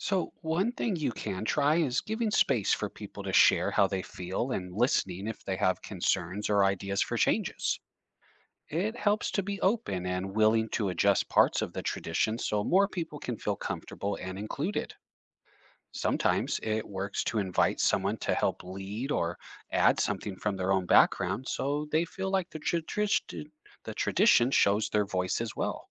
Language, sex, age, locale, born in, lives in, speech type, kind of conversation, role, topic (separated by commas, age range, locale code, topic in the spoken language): English, male, 35-39, en-US, United States, United States, read, expert-assistant, expert, How do traditions help build a sense of community?